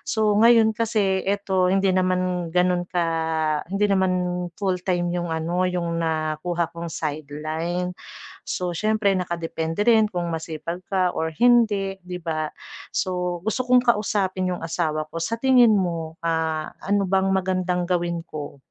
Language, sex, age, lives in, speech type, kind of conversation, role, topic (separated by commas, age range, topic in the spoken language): Filipino, female, 40-44, Philippines, conversation, advice, user, Paano namin hahatiin at pag-uusapan ang aming mga gastusin?